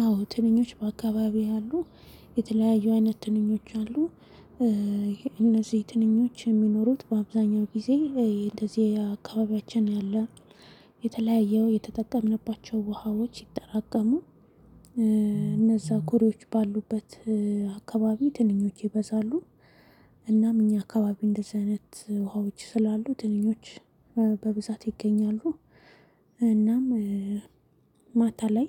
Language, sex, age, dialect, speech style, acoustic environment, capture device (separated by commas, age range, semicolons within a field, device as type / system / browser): Amharic, female, 25-34, Ethiopia - Addis Ababa, happy; excited, silence, mobile / Linux / Chrome